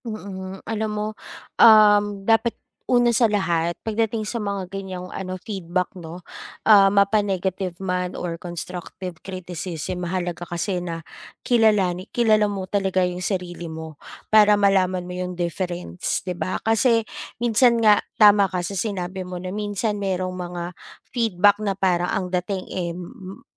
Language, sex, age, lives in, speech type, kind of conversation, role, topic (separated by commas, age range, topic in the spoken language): Filipino, female, 35-39, Philippines, conversation, advice, advisor, Paano ko tatanggapin ang puna nang hindi nagiging depensibo?